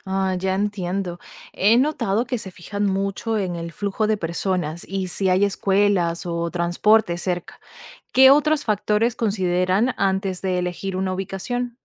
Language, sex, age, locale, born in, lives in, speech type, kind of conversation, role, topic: Spanish, female, 25-29, es-VE, Venezuela, United States, read, expert-assistant, asker, ¿Cómo identificar fácilmente las zonas con mayor actividad económica en una ciudad?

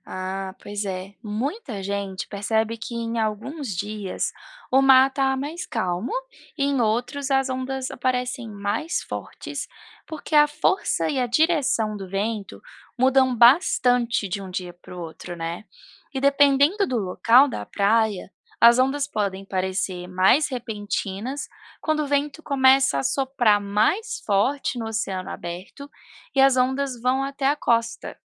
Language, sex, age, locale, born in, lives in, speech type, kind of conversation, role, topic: Portuguese, female, 30-34, pt-BR, Brazil, United States, read, expert-assistant, expert, Como as ondas do mar se formam?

